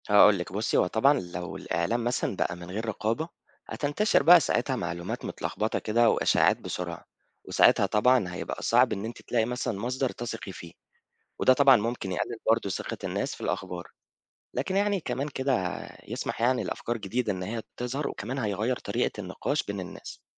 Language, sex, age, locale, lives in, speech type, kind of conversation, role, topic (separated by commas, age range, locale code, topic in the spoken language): Arabic, male, 25-29, ar-EG, Egypt, read, expert-assistant, expert, تخيل لو الصحافة في مصر كانت بدون رقابة خالص: يا ترى إيه ممكن يحصل في المجتمع والإعلام؟